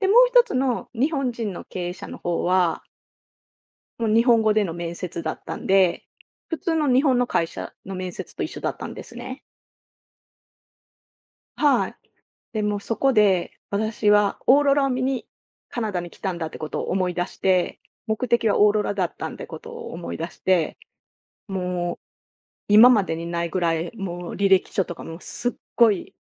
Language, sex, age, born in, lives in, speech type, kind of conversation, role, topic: Japanese, female, 45-49, Japan, Japan, conversation, podcast, guest, 何気ない日常が人生の転機になったことはありますか？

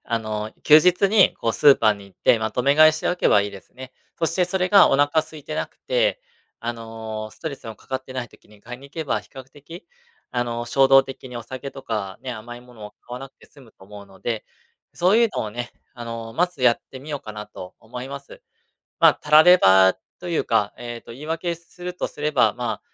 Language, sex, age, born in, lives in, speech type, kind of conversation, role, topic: Japanese, male, 35-39, Japan, Japan, conversation, advice, user, ストレスで過食や衝動的な行動をしてしまうのはなぜですか？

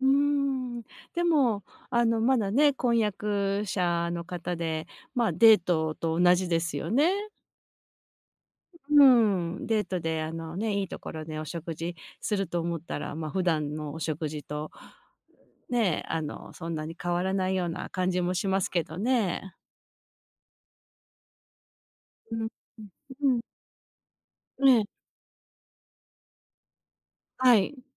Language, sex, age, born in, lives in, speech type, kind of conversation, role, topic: Japanese, female, 50-54, Japan, United States, conversation, advice, advisor, 節約すると決めても毎月誘惑に負けてしまうのはなぜですか？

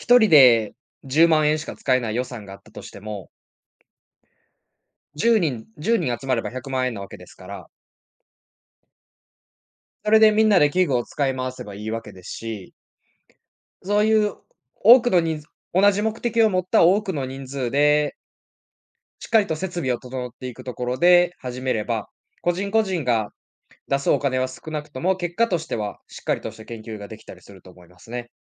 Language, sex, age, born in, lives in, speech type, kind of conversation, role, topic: Japanese, male, 20-24, Japan, Japan, conversation, podcast, guest, 実験を始めるとき、まず最初に何をすればよいですか？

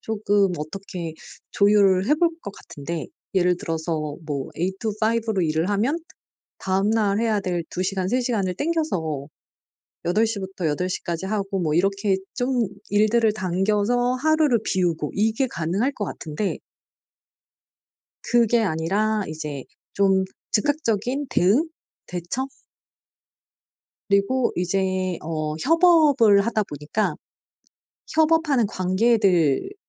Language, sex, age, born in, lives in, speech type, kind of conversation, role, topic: Korean, female, 40-44, South Korea, South Korea, conversation, advice, user, 시간이 부족해도 취미를 꾸준히 이어가려면 어떻게 해야 하나요?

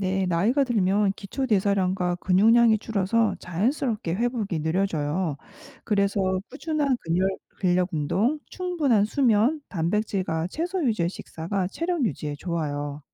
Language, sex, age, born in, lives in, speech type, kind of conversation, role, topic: Korean, female, 45-49, South Korea, United States, read, expert-assistant, expert, 나이 들수록 달라지는 몸의 변화에 맞춰 건강 습관을 어떻게 조정하면 좋을까요?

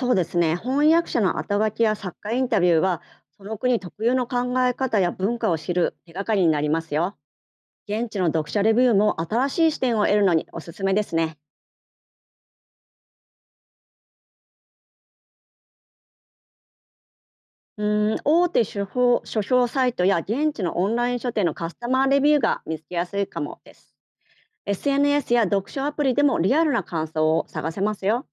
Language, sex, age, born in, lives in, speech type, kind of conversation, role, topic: Japanese, female, 50-54, Japan, Japan, read, expert-assistant, expert, 海外小説の文化や背景はどのように学べますか？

